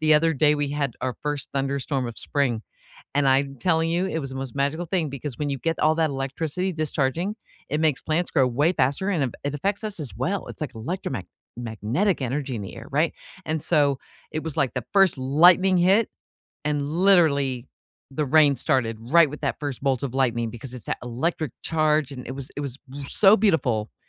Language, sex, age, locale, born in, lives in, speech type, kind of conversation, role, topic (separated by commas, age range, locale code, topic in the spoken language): English, female, 55-59, en-US, United States, United States, conversation, unstructured, none, What small joys brightened your week?